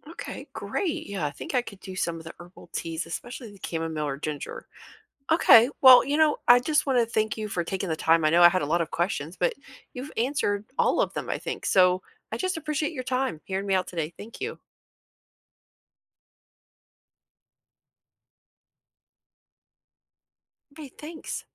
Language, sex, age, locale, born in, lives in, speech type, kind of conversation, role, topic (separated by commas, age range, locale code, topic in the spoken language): English, female, 45-49, en-US, United States, United States, read, expert-assistant, asker, What happens to food after we eat it?